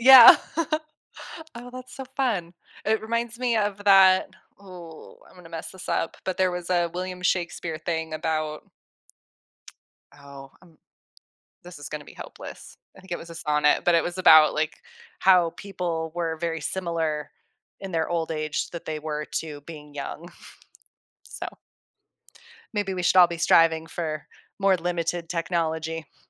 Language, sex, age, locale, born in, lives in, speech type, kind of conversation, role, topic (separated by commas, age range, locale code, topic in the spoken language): English, female, 35-39, en-US, United States, United States, conversation, podcast, guest, What are some effective ways to create a healthy balance between digital devices and everyday life?